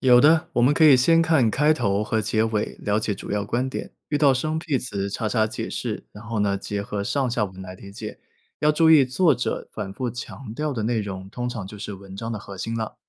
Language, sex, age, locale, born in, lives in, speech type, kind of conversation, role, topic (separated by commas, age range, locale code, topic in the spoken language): Chinese, male, 25-29, zh-CN, China, United States, read, expert-assistant, expert, 在网上查社会工作的信息时，怎么判断哪些内容比较靠谱？